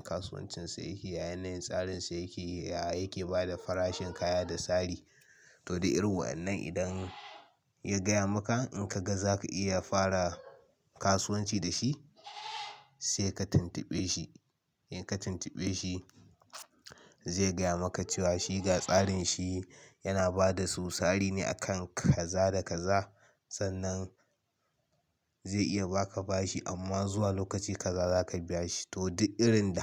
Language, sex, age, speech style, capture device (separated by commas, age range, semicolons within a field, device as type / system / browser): Hausa, male, 18-24, nervous, mobile / Linux / Chrome